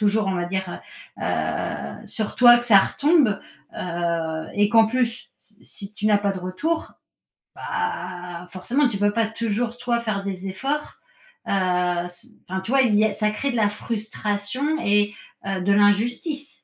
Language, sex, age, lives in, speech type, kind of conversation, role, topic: French, female, 40-44, France, conversation, advice, advisor, Pourquoi ai-je du mal à poser des limites par peur de déplaire ?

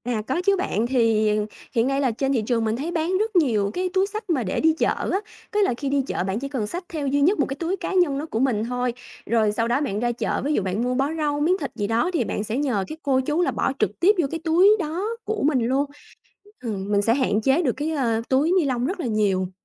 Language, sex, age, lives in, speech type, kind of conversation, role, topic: Vietnamese, female, 30-34, Vietnam, conversation, podcast, guest, Bạn làm gì để bảo vệ môi trường xung quanh mình?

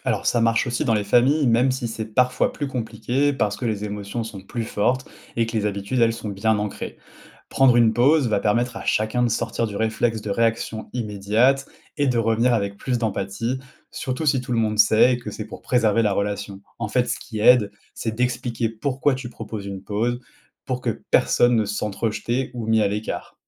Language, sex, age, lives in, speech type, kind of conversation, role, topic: French, male, 30-34, France, read, expert-assistant, expert, Comment éviter les malentendus quand on parle avec quelqu’un qu’on aime ?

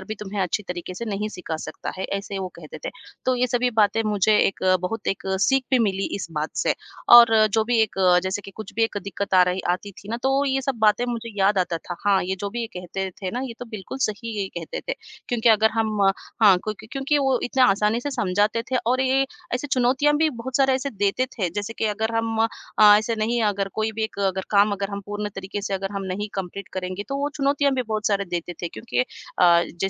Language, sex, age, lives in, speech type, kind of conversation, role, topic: Hindi, female, 40-44, India, conversation, podcast, guest, आपने अपना पहला मेंटर कैसे ढूँढा?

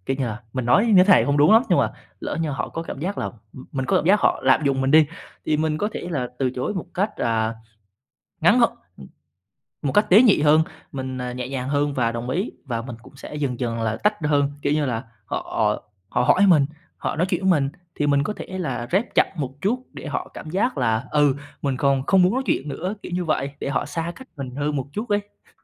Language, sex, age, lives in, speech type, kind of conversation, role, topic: Vietnamese, male, 20-24, Vietnam, conversation, podcast, guest, Bạn nói “không” như thế nào để không cảm thấy tội lỗi?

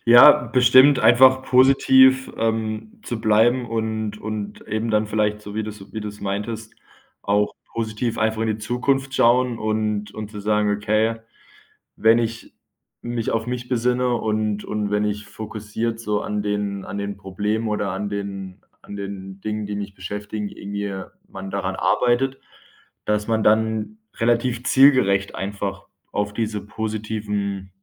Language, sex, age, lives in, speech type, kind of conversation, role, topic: German, male, 25-29, Germany, conversation, advice, user, Wie blockiert dich der Vergleich mit anderen in deinem eigenen Schaffen?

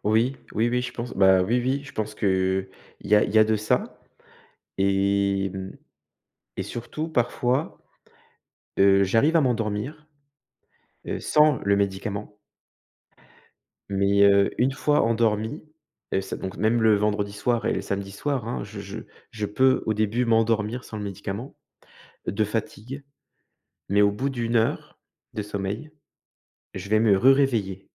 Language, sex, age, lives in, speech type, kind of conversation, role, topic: French, male, 35-39, France, conversation, advice, user, Comment décririez-vous votre anxiété liée au sommeil ou votre peur de ne pas pouvoir dormir ?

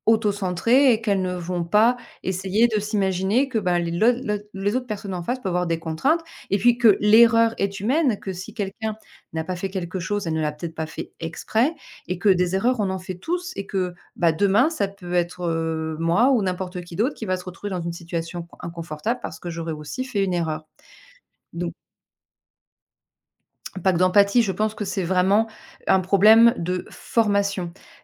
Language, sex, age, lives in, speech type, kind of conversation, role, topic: French, female, 40-44, France, conversation, podcast, guest, Pourquoi, à ton avis, autant d’e-mails créent-ils des malentendus ?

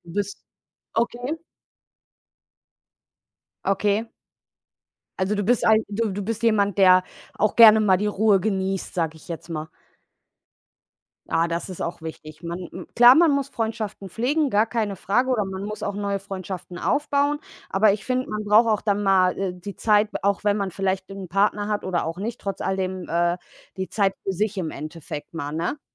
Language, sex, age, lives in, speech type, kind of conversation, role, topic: German, female, 30-34, Germany, conversation, unstructured, none, Wie wichtig sind Freundschaften für dein Wohlbefinden?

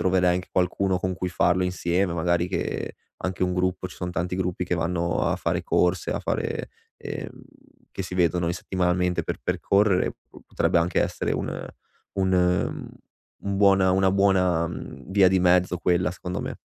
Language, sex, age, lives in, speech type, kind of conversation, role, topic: Italian, male, 25-29, Italy, conversation, advice, advisor, Come posso smettere di saltare gli allenamenti e riuscire a tornare in pista?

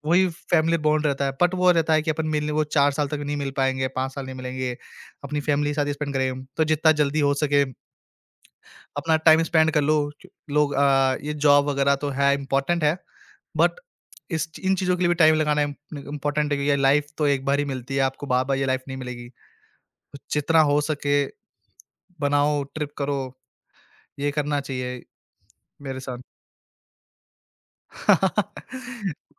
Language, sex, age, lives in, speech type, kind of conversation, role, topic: Hindi, male, 20-24, India, conversation, podcast, guest, Jab kisi trip par tumhara plan fail ho gaya, toh tumne situation ko kaise handle kiya?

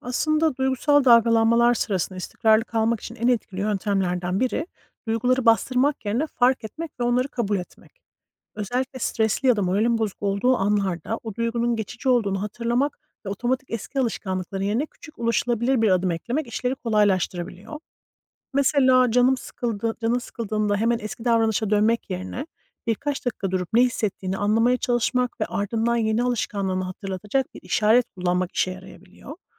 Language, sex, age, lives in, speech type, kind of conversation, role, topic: Turkish, female, 40-44, United States, read, expert-assistant, expert, İnsan Kişiliği Zamanla Değişir mi Yoksa Aynı mı Kalır?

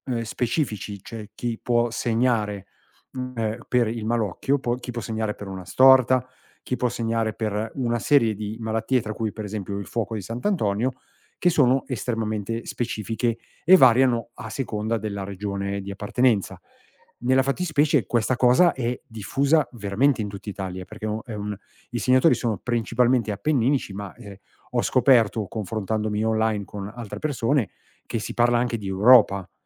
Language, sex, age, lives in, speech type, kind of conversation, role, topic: Italian, male, 50-54, Italy, conversation, podcast, guest, Quali sono le tradizioni della tua famiglia?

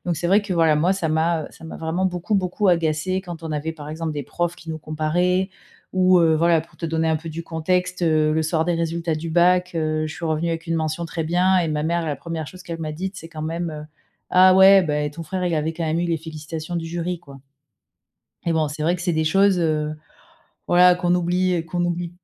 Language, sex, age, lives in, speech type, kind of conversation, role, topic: French, female, 35-39, France, conversation, advice, user, Comment établir des limites claires entre frères et sœurs ?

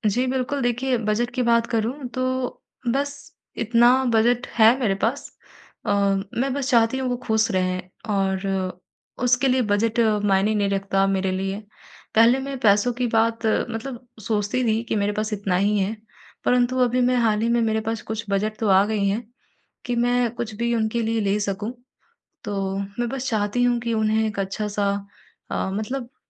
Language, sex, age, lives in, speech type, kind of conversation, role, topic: Hindi, female, 55-59, India, conversation, advice, user, मैं किसी के लिए यादगार और उपयोगी उपहार कैसे चुन सकता/सकती हूँ?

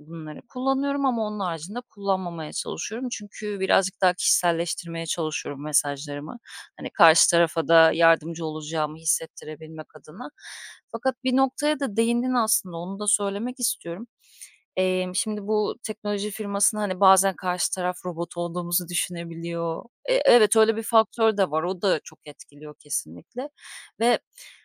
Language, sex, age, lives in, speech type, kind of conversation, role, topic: Turkish, female, 35-39, Greece, conversation, advice, user, Sürekli olumsuz geri bildirim aldığım bir projede motivasyonumu nasıl koruyabilirim?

